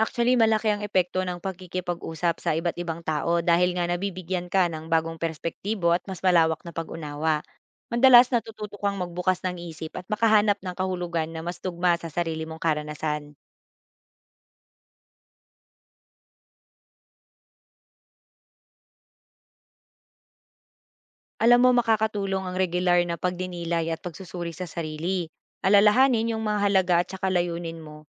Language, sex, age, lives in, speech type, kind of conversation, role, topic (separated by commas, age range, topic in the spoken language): Filipino, female, 25-29, Philippines, read, expert-assistant, expert, Paano makakaapekto ang pagtanaw sa laki at edad ng uniberso sa pananaw natin sa ating mga problema at pagpili ng landas?